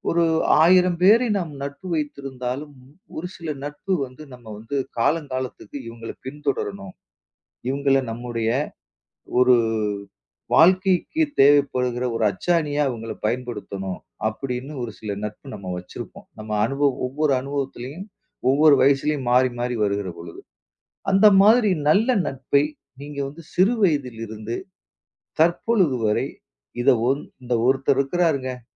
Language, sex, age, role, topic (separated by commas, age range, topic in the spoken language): Tamil, male, 40-44, host, ஒரு நல்ல தொடர்பை நீங்கள் எப்படிப் பேணுகிறீர்கள்?